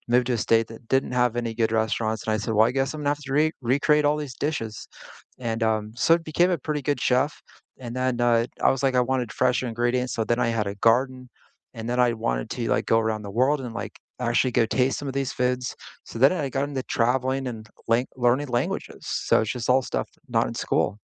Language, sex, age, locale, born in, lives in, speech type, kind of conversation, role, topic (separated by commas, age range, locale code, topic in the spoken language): English, male, 40-44, en-US, United States, United States, conversation, unstructured, none, What out-of-school hobbies have taught you the most?